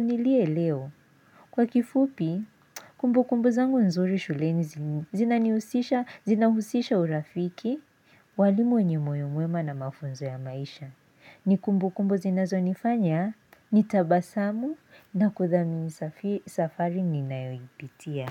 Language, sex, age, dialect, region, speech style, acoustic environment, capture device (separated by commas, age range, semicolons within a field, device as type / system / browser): Swahili, female, 18-24, Kenya - Nairobi Sheng-influenced, Kenya, focused; excited; relaxed, silence, mobile / Linux / Chrome